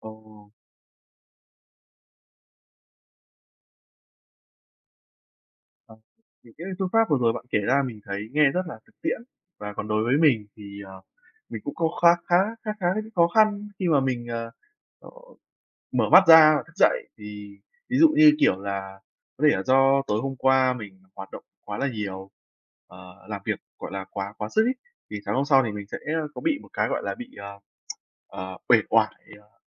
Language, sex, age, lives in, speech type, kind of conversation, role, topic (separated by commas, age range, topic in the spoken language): Vietnamese, male, 20-24, Vietnam, conversation, unstructured, none, Bạn thường bắt đầu ngày mới như thế nào để tràn đầy năng lượng?